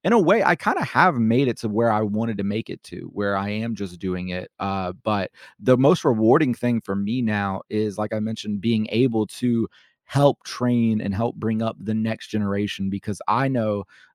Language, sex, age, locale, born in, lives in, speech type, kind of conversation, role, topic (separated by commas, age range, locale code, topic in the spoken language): English, male, 25-29, en-US, United States, United States, conversation, podcast, guest, How has being creative shaped who you are and how you see the world?